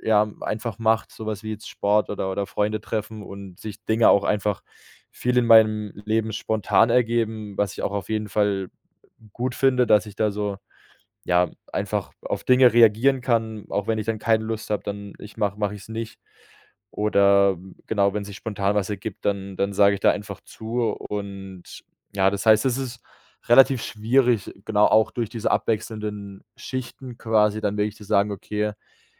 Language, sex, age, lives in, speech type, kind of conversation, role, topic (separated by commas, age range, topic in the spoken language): German, male, 25-29, Germany, conversation, advice, user, Welche grübelnden Gedanken halten dich nachts wach, sodass du nicht einschlafen oder loslassen kannst?